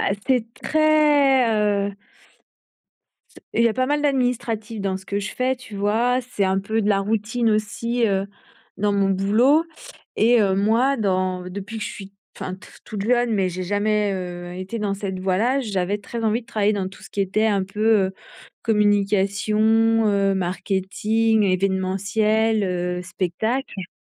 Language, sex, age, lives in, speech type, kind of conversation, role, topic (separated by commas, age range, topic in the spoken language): French, female, 45-49, France, conversation, advice, user, Qu’est-ce qui vous fait le plus hésiter à changer de carrière ?